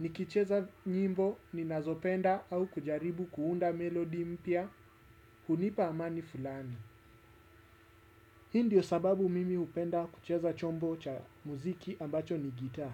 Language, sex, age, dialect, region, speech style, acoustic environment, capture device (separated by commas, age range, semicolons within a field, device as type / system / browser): Swahili, male, 35-44, Kenya - Nairobi Sheng-influenced, Kenya, focused, silence, desktop / Windows / Chrome